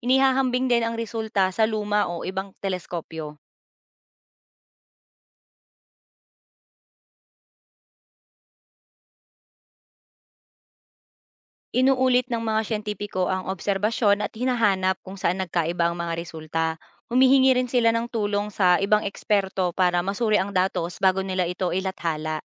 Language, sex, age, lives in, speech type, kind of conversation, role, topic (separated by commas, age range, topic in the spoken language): Filipino, female, 25-29, Philippines, read, expert-assistant, expert, Paano mo masisigurado na tama at napapanahon ang mga balita at artikulo tungkol sa space exploration, lalo na sa gitna ng mabilis na pagbabago ng teknolohiya?